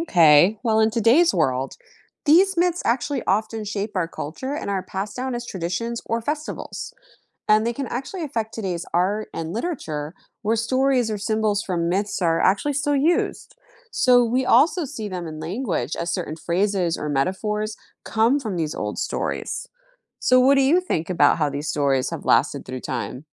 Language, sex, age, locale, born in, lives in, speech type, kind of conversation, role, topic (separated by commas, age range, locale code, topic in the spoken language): English, female, 40-44, en-US, United States, United States, read, expert-assistant, expert, How do different societies use myths and legends to explain natural phenomena?